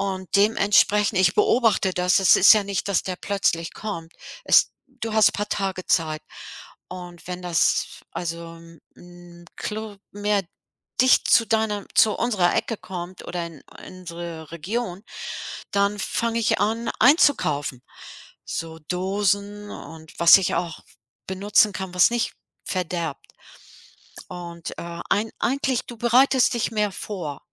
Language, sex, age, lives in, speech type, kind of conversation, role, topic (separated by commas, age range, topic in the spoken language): German, female, 65-69, United States, conversation, podcast, guest, Wie beeinflusst der Klimawandel deinen Alltag?